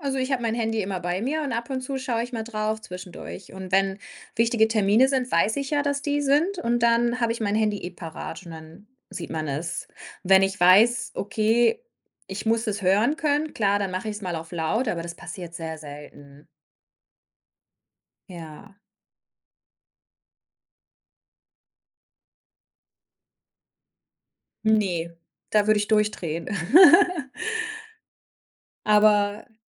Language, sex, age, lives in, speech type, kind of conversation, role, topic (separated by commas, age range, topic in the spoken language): German, female, 30-34, Germany, conversation, podcast, guest, Wie setzt du Grenzen, damit du nicht ständig erreichbar sein musst?